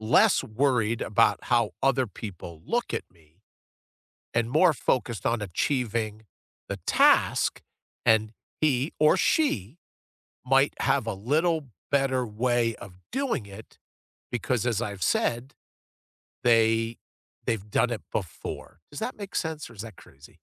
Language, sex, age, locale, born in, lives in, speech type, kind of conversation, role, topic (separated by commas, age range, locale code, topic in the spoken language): English, male, 60-64, en-US, United States, United States, conversation, unstructured, none, Why do some people find it hard to ask for help?